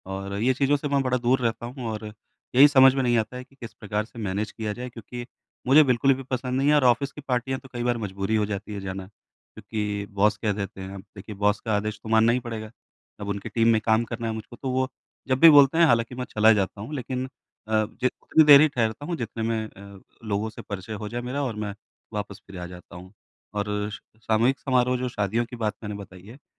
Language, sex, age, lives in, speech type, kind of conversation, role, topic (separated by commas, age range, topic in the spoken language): Hindi, male, 35-39, India, conversation, advice, user, मैं समारोहों में अपनी सीमाएँ सहजता से कैसे बता सकता/सकती हूँ?